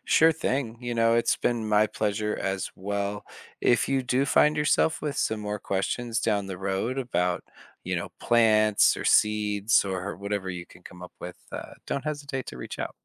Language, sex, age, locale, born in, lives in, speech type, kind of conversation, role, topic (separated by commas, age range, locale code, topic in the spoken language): English, male, 30-34, en-US, United States, United States, read, expert-assistant, expert, How does a plant grow from a seed?